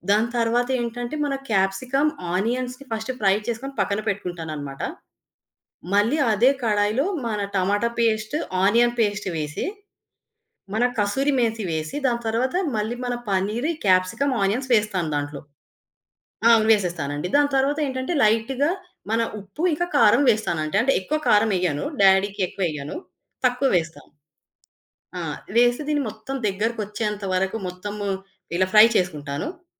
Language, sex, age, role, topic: Telugu, female, 25-29, guest, చిన్నతనంలో ఆ వంటకం గురించి మీకు ఏమైనా మధురమైన జ్ఞాపకాలు ఉన్నాయా?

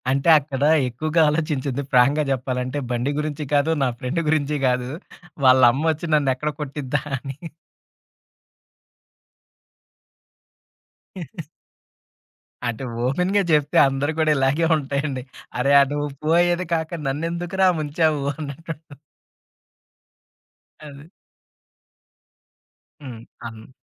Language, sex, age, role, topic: Telugu, male, 30-34, guest, పని మధ్యలో 2–5 నిమిషాల్లో ధ్యానం ఎలా చేయాలో మీరు చెప్పగలరా?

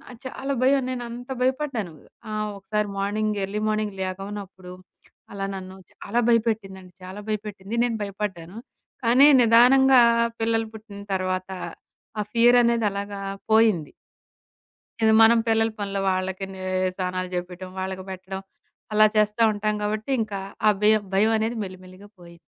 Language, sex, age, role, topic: Telugu, female, 40-44, guest, మీరు చేసిన తప్పుల నుండి ఎలా నేర్చుకుంటారు? ఒక ఉదాహరణ చెప్పగలరా?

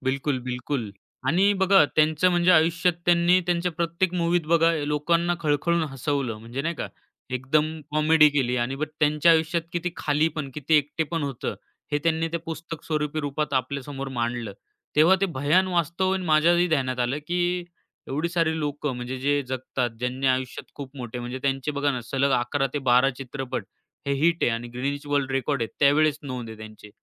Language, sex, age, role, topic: Marathi, male, 25-29, guest, एकटेपणाची सूचक लक्षणं कशी ओळखशील?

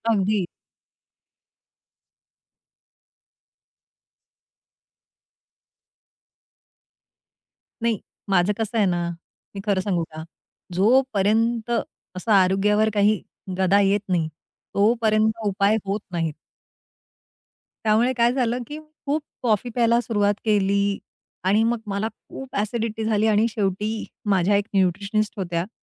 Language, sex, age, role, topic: Marathi, female, 40-44, guest, आरोग्यपूर्ण झोप मिळवण्यासाठी तुम्ही कोणत्या सवयी पाळता?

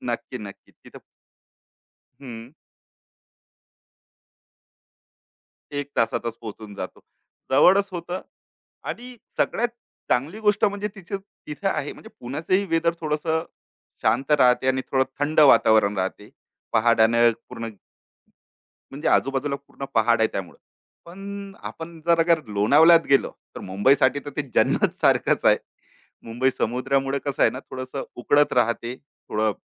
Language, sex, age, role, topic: Marathi, male, 35-39, guest, जवळच्या निसर्गरम्य ठिकाणांची शिफारस तुम्ही कशी कराल?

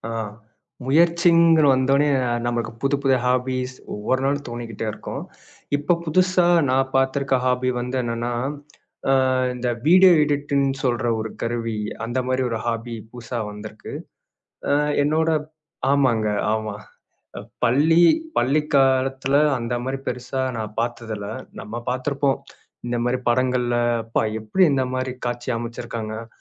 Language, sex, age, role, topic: Tamil, male, 25-29, guest, புதிதாக ஒரு பொழுதுபோக்கைத் தொடங்க நீங்கள் எப்படி முயற்சி செய்கிறீர்கள்?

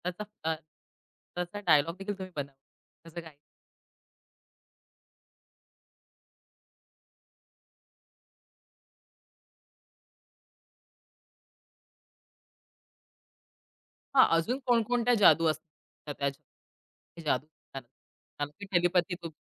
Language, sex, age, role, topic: Marathi, female, 30-34, host, तुला एखाद्या काल्पनिक जगात जाऊन तिथे राहावंसं वाटतं का?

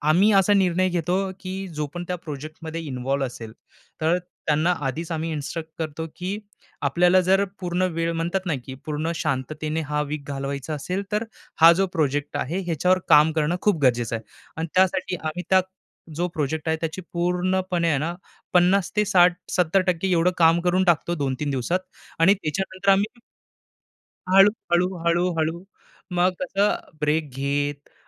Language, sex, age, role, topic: Marathi, male, 25-29, guest, तू कामातून विश्रांती कधी आणि का घेतोस?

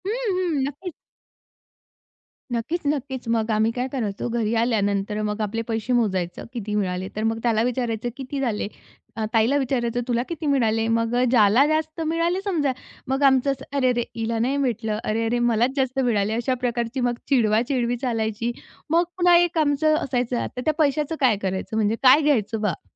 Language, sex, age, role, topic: Marathi, female, 35-39, guest, पारंपरिक सण एकत्र साजरे करताना तुला कसं वाटतं?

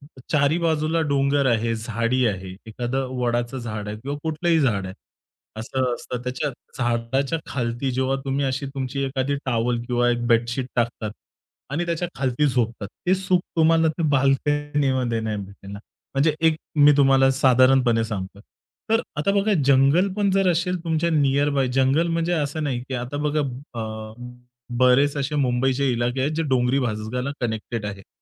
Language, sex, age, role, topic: Marathi, male, 30-34, guest, निसर्गात वेळ घालवल्याने तुमचा तणाव कमी होतो का?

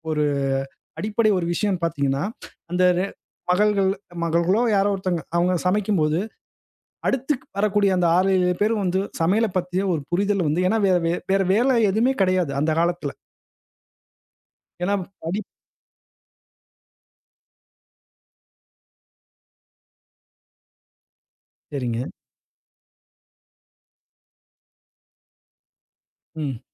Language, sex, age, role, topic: Tamil, male, 35-39, host, வீட்டு வேலைகளை பகிர்ந்துகொள்வது பற்றி நீங்கள் என்னக் கருத்து சொல்கிறீங்க?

